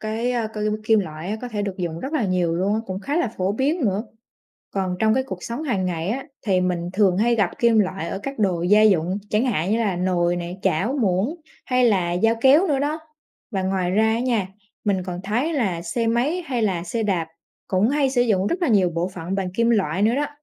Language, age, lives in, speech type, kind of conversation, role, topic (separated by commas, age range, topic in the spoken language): Vietnamese, 20-24, Vietnam, read, expert-assistant, asker, Tại sao kim loại dẫn điện tốt?